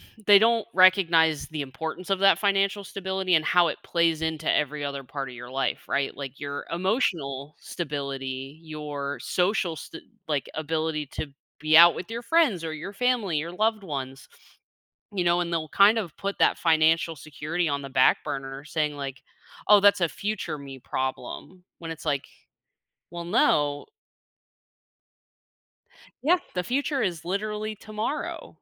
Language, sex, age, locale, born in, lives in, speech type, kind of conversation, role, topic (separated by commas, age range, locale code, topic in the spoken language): English, female, 25-29, en-US, United States, United States, conversation, advice, advisor, How can I break my long-term goal into daily steps?